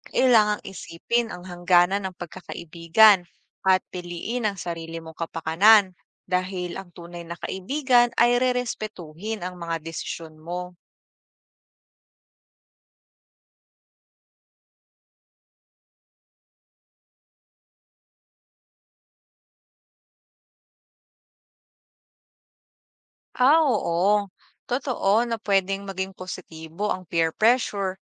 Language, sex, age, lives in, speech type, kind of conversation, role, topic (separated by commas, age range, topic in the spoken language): Filipino, female, 25-29, Philippines, read, expert-assistant, expert, Paano nakakaapekto ang peer pressure sa mga desisyon ng kabataan?